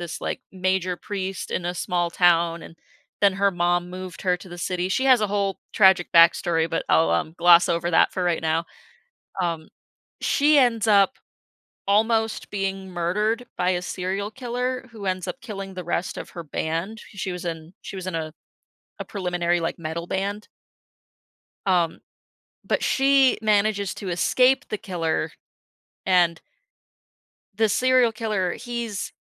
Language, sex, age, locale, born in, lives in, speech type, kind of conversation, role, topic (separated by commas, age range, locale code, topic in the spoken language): English, female, 25-29, en-US, United States, United States, conversation, podcast, guest, How do your feelings shape the way you create and express yourself?